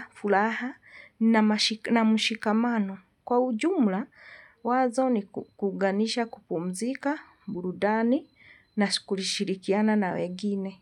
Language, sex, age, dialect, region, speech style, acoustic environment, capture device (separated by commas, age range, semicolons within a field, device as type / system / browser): Swahili, female, 45-54, Kenya - Nairobi Sheng-influenced, Kenya, happy, silence, mobile / Linux / Chrome